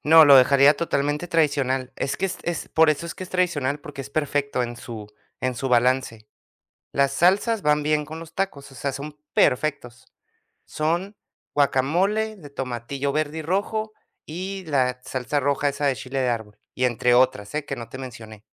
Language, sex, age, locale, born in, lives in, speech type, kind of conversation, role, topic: Spanish, male, 30-34, es-US, United States, United States, conversation, podcast, guest, ¿Qué recetas familiares quieres transmitir a la siguiente generación?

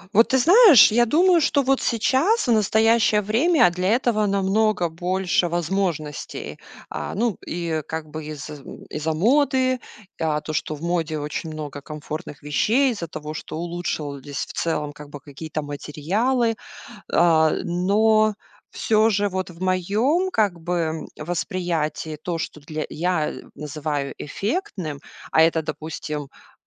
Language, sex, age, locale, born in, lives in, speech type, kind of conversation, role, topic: Russian, female, 40-44, ru-RU, Russia, United States, conversation, podcast, guest, Что для тебя важнее — комфорт или эффектный вид?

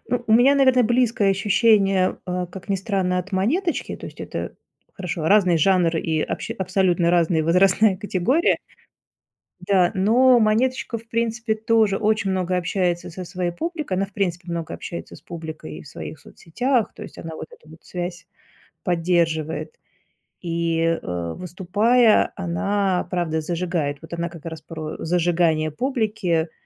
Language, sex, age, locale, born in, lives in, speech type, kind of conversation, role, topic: Russian, female, 45-49, ru-RU, Russia, Germany, conversation, podcast, guest, Как артист общается с публикой, чтобы создать нужное настроение?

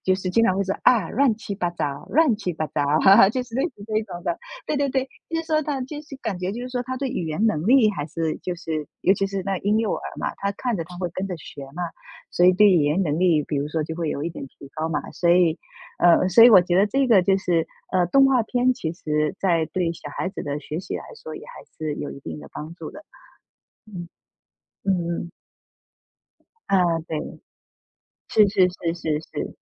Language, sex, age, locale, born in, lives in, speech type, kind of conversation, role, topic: Chinese, female, 35-39, zh-TW, China, United States, conversation, unstructured, none, 你如何在学习和娱乐之间找到平衡？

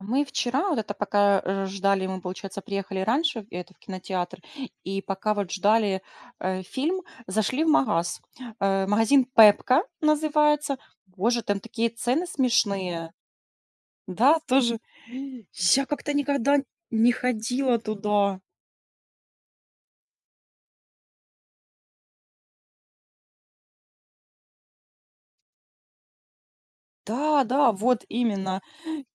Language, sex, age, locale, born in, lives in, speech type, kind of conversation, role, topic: Russian, female, 30-34, ru-RU, Ukraine, Spain, conversation, unstructured, none, Как вы относитесь к онлайн-покупкам и к тому, как они влияют на вашу жизнь?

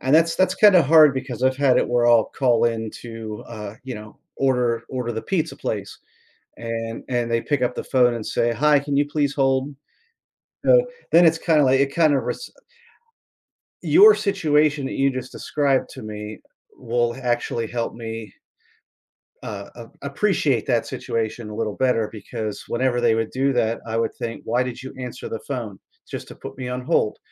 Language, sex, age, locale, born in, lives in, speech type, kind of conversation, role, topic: English, male, 50-54, en-US, United States, United States, conversation, podcast, guest, How do you find effective ways to manage stress in your daily life?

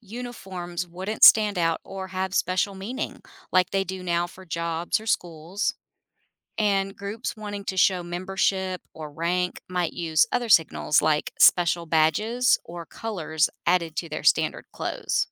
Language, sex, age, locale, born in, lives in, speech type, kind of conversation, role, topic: English, female, 50-54, en-US, United States, United States, read, expert-assistant, expert, What would happen if everyone wore identical clothes?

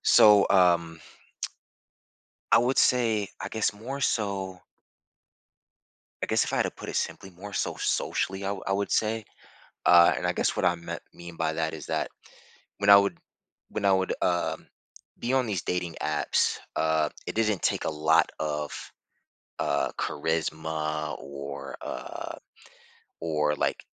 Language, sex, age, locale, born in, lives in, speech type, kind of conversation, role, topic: English, male, 30-34, en-US, United States, United States, conversation, advice, user, How can I deepen my connection with my partner?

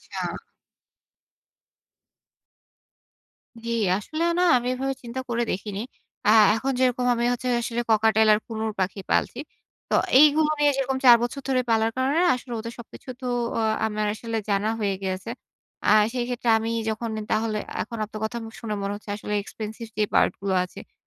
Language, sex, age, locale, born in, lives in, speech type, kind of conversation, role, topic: Bengali, female, 25-29, bn-BD, Bangladesh, Bangladesh, conversation, advice, user, ব্যবসা বা প্রকল্প শুরু করতে ঝুঁকি নিতে আপনার ভয় কেন হয়?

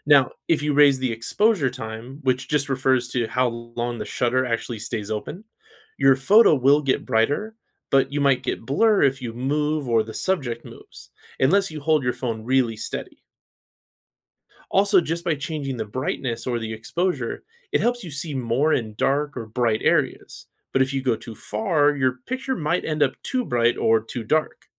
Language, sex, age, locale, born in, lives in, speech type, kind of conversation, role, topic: English, male, 35-39, en-US, United States, United States, read, expert-assistant, expert, How does a camera capture a photograph?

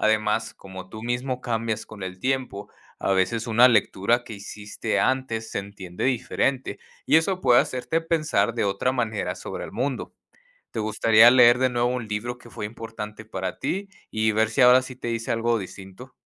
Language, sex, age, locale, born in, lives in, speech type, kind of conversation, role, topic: Spanish, male, 30-34, es-MX, United States, Mexico, read, expert-assistant, expert, ¿Cómo pueden los libros cambiar la forma en que vemos el mundo?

